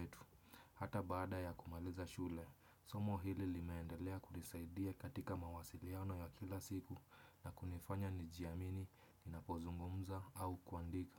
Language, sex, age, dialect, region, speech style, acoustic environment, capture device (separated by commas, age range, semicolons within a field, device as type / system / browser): Swahili, male, 25-34, Kenya - Nairobi Sheng-influenced, Kenya, relaxed, silence, desktop / Windows / Chrome